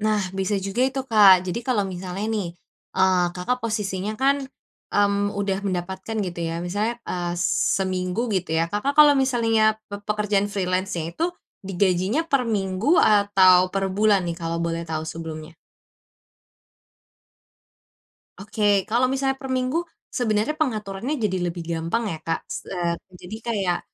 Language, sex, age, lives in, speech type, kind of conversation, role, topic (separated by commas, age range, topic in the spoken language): Indonesian, female, 20-24, Indonesia, conversation, advice, advisor, Bagaimana cara menyeimbangkan kebutuhan saat ini dan tujuan keuangan masa depan?